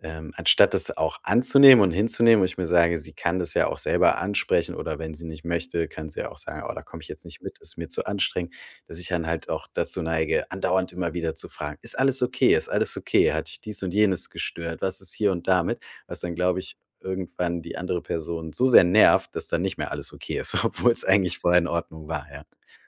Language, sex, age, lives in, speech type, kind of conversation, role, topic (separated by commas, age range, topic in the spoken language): German, male, 35-39, Germany, conversation, advice, user, Wie kann ich in den frühen Phasen einer Beziehung die Signale und Absichten meines Gegenübers richtig deuten?